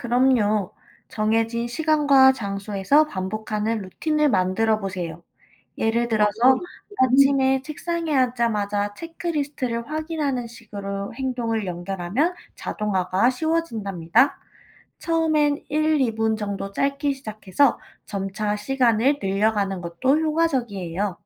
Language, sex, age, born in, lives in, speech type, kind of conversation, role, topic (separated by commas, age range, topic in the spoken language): Korean, female, 25-29, South Korea, United States, read, expert-assistant, expert, 목표를 세울 때, 나에게 진짜 잘 맞는 방법을 어떻게 찾을 수 있을까요?